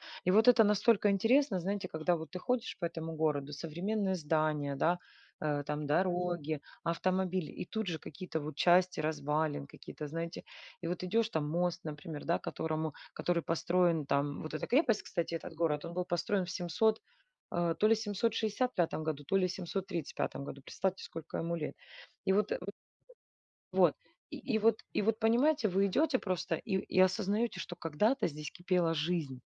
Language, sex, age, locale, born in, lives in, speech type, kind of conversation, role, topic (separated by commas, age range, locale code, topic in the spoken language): Russian, female, 40-44, ru-RU, Ukraine, Spain, conversation, unstructured, none, Как путешествия меняют взгляд на мир?